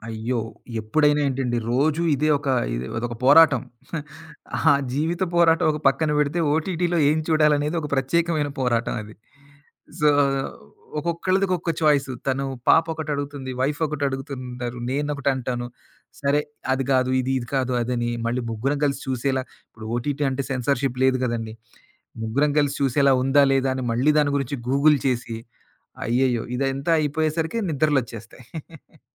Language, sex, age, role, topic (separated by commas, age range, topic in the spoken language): Telugu, male, 40-44, guest, ప్రత్యక్ష ప్రసారం చూసే టెలివిజన్‌కి, మనకు నచ్చినప్పుడు చూసే కార్యక్రమాలకి మధ్య మీ అభిప్రాయం ఏమిటి?